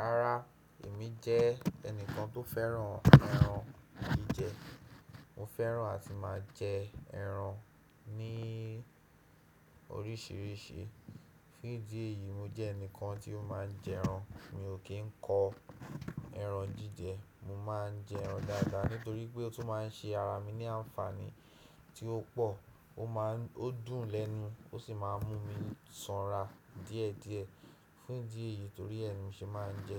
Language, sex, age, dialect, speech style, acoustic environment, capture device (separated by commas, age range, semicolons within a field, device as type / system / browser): Yoruba, male, 18-24, Nigeria - Nigerian Standard, happy; relaxed; excited, silence, desktop / Windows / Chrome